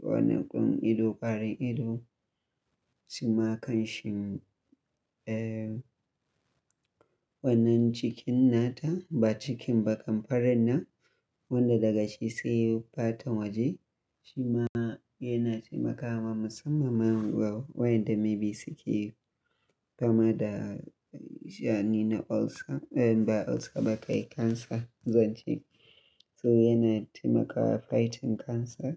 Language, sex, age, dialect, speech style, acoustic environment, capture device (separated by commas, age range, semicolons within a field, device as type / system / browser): Hausa, male, 25-34, Nigeria - Kano, happy, nature; silence, mobile / Linux / Chrome